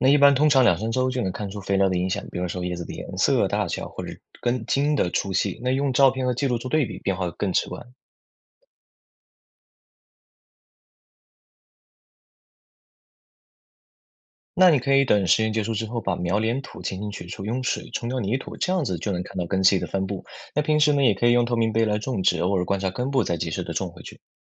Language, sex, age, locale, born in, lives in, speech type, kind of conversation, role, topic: Chinese, male, 20-24, zh-CN, China, United States, read, expert-assistant, expert, 怎样通过日常生活中的小实验来了解作物的生长过程？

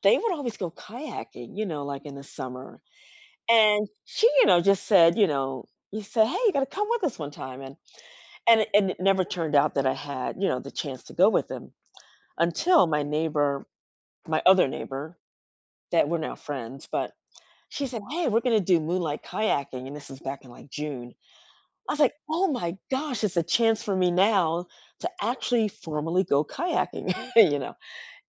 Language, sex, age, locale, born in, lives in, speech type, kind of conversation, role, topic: English, female, 60-64, en-US, United States, United States, conversation, unstructured, none, How can a passing interest become something one sticks with?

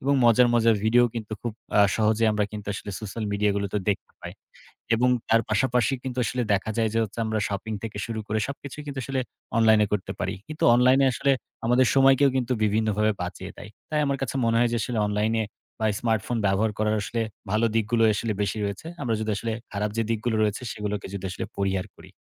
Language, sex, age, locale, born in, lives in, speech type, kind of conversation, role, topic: Bengali, male, 18-19, bn-BD, Bangladesh, Bangladesh, conversation, podcast, guest, স্মার্টফোন তোমার দৈনন্দিন জীবন কীভাবে বদলে দিয়েছে?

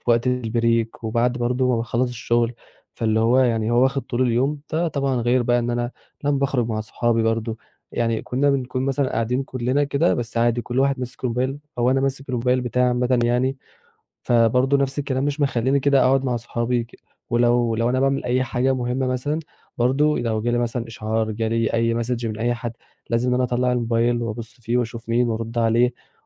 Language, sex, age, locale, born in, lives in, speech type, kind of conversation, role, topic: Arabic, male, 20-24, ar-EG, Egypt, Egypt, conversation, advice, user, إزاي بتلاقي نفسك بتقضي وقت طويل على الموبايل والسوشيال ميديا بدل ما تخلص حاجات مهمة؟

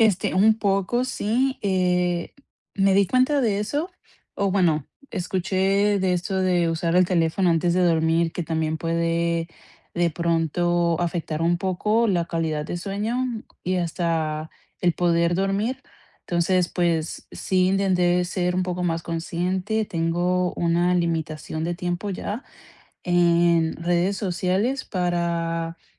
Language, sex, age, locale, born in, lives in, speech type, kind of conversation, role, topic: Spanish, female, 25-29, es-CO, Colombia, United States, conversation, advice, user, ¿Cómo puedo establecer una rutina relajante antes de dormir?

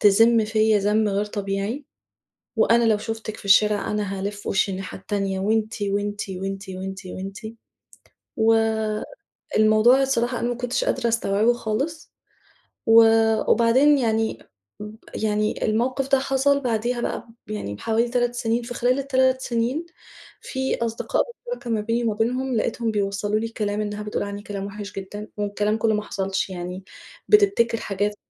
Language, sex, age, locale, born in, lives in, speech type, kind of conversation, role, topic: Arabic, female, 35-39, ar-EG, Egypt, Egypt, conversation, advice, user, إزاي أتصرف لو صاحبي بينشر إشاعات عني ومخلّيني حاسس بالخيانة والغضب؟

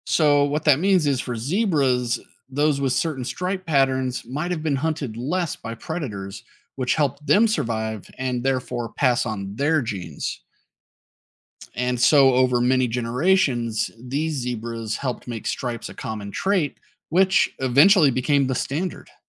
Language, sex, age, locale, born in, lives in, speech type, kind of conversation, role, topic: English, male, 35-39, en-US, United States, United States, read, expert-assistant, expert, Why do zebras have black and white stripes?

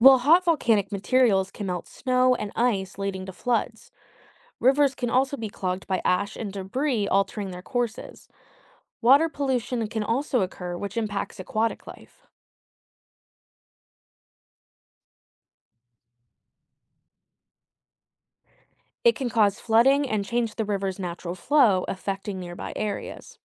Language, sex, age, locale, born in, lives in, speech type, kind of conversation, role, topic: English, female, 25-29, en-US, United States, United States, read, expert-assistant, expert, How do volcanoes cause destruction when they erupt?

